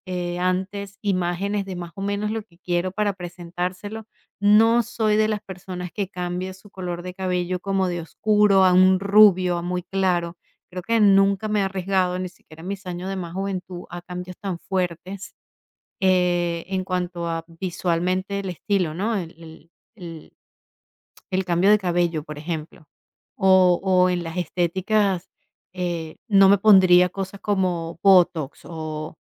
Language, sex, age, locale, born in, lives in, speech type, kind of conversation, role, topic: Spanish, female, 45-49, es-VE, Venezuela, United States, conversation, podcast, guest, ¿Cómo imaginas que cambiará tu estilo en cinco años?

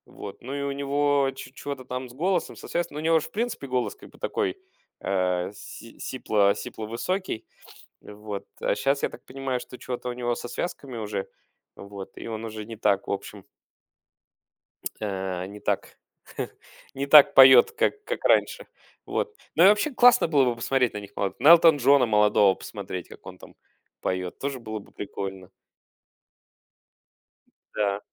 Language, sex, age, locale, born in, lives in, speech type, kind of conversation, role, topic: Russian, male, 35-39, ru-UA, Russia, Germany, conversation, podcast, guest, Что заставляет тебя ностальгировать при прослушивании трека?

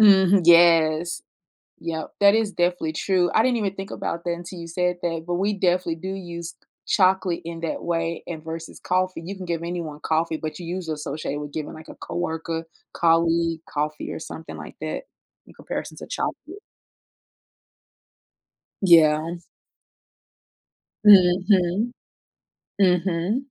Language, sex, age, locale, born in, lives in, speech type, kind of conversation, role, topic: English, female, 35-39, en-US, United States, United States, conversation, unstructured, none, Would you rather live in a world without chocolate or in a world without coffee?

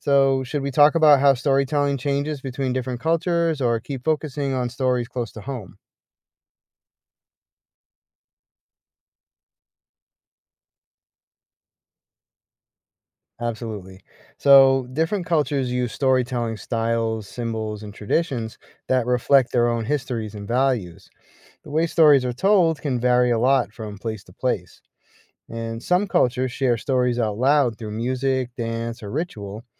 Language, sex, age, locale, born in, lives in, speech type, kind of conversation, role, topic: English, male, 45-49, en-US, United States, United States, read, expert-assistant, expert, Why do people enjoy telling and listening to stories?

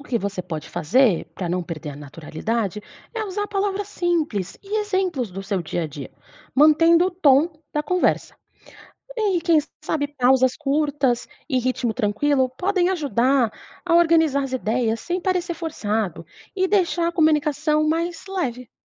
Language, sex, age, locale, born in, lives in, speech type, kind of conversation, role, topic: Portuguese, female, 30-34, pt-BR, Brazil, Portugal, read, expert-assistant, expert, O que faz mais diferença na hora de se adaptar ao jeito que as pessoas falam em cada região do Brasil?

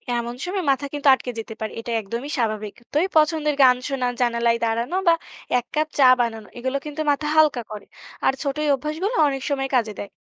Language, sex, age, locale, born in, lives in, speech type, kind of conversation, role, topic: Bengali, female, 20-24, bn-BD, Bangladesh, Bangladesh, read, expert-assistant, expert, কাজের চাপের মাঝেও কীভাবে নতুন মার্কেটিং আইডিয়া মাথায় আনা যায়?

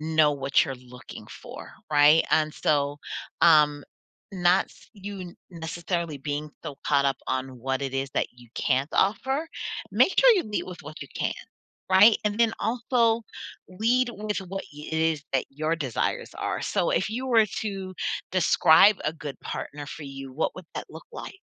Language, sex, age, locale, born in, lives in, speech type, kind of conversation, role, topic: English, female, 40-44, en-US, United States, United States, conversation, advice, advisor, How can I reconnect emotionally with my partner?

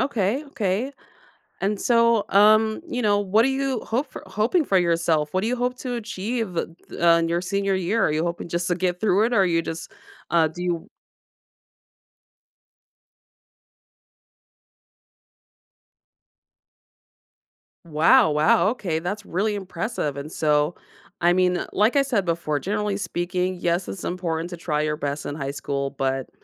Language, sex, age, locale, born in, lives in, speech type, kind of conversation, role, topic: English, female, 35-39, en-US, United States, United States, conversation, advice, advisor, How can I balance work and home life?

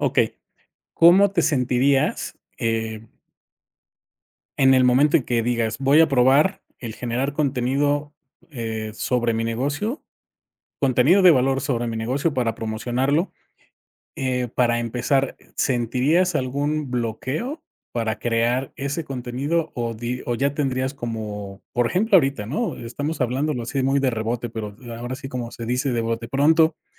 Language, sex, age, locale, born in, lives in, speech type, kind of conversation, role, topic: Spanish, male, 45-49, es-MX, Mexico, Mexico, conversation, podcast, host, ¿Cómo superas el bloqueo creativo cuando aparece?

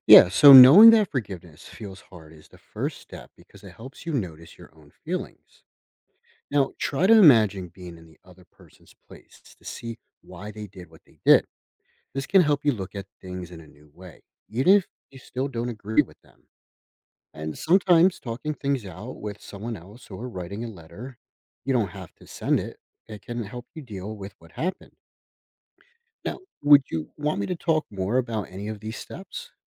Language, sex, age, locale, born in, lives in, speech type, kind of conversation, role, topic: English, male, 30-34, en-US, United States, United States, read, expert-assistant, expert, How does practicing forgiveness benefit you and others?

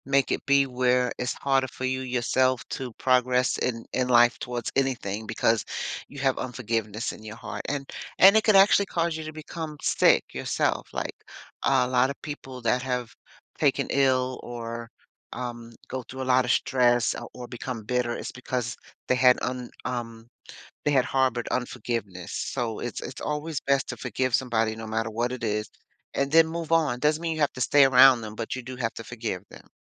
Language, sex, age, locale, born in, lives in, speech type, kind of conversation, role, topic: English, female, 60-64, en-US, United States, United States, conversation, podcast, guest, What did you learn about forgiveness after a fight?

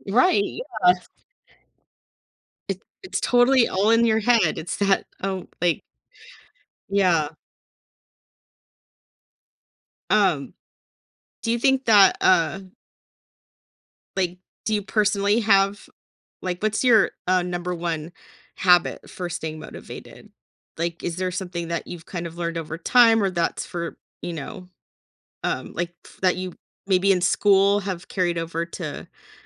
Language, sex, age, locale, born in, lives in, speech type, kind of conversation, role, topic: English, female, 40-44, en-US, United States, United States, conversation, podcast, host, What helps you keep going when learning feels challenging?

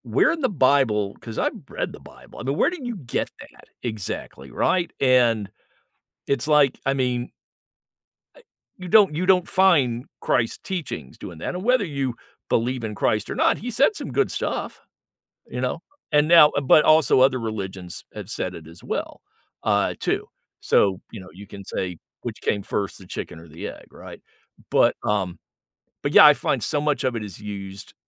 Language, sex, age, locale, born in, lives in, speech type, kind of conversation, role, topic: English, male, 60-64, en-US, United States, United States, conversation, unstructured, none, How do you respond when religion is used to exclude people?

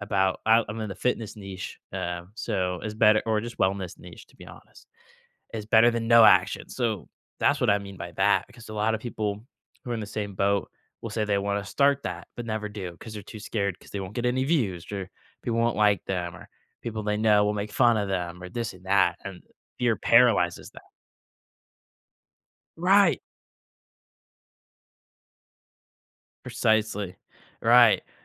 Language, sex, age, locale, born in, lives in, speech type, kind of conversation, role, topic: English, male, 18-19, en-US, United States, United States, conversation, podcast, guest, What small changes in your daily routine have made a big difference in your well-being?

